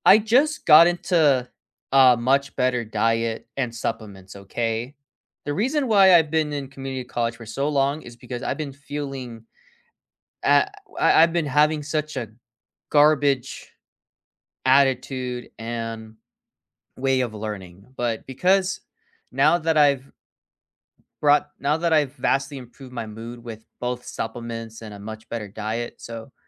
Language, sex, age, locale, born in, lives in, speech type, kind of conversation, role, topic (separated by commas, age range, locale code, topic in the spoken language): English, male, 20-24, en-US, United States, United States, conversation, unstructured, none, What makes a classroom truly great for you—space, the teacher, your classmates, or the tools—and what helped you feel connected?